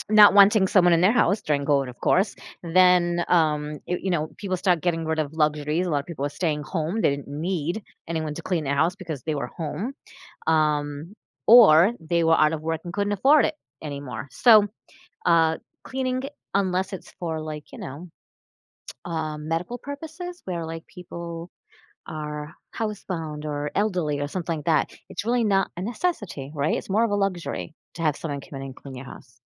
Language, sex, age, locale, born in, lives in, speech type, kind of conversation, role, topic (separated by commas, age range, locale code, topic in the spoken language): English, female, 45-49, en-US, United States, United States, conversation, advice, user, How can I reduce stress at work without it affecting my personal life?